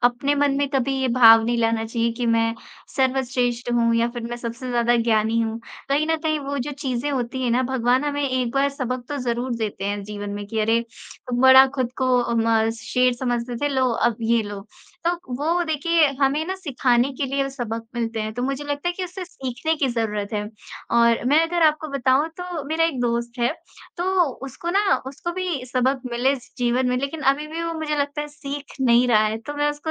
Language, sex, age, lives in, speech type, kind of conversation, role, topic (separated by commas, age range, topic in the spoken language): Hindi, female, 25-29, India, conversation, unstructured, none, आपने अपने जीवन में सबसे बड़ा सबक क्या सीखा है?